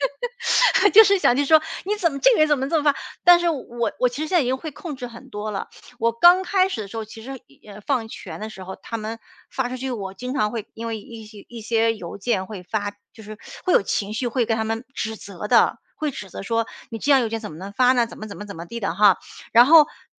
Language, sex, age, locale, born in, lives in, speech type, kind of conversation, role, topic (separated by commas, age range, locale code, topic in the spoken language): Chinese, female, 45-49, zh-CN, China, United States, conversation, advice, user, 完美主义如何阻碍你的深度工作？